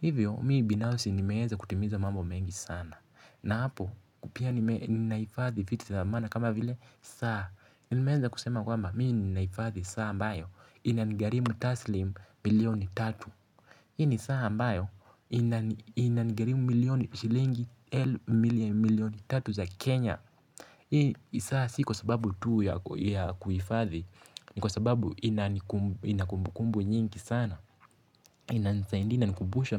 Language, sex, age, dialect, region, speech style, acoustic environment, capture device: Swahili, male, 18-24, Kenya - Mombasa, Kenya, relaxed; focused, silence; nature, mobile / Linux / Chrome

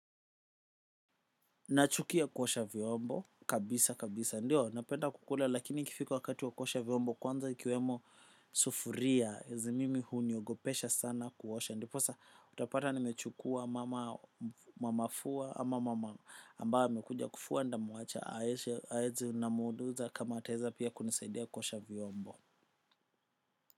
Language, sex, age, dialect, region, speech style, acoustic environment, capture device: Swahili, male, 25-34, Kenya - Nairobi Sheng-influenced, Kenya, focused, silence, desktop / macOS / Chrome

